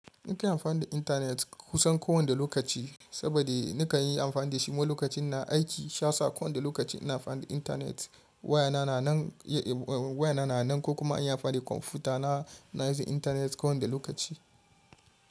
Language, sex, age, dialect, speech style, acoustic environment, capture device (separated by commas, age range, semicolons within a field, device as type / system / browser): Hausa, male, 25-34, Nigeria - Kano, happy, traffic, mobile / Linux / Chrome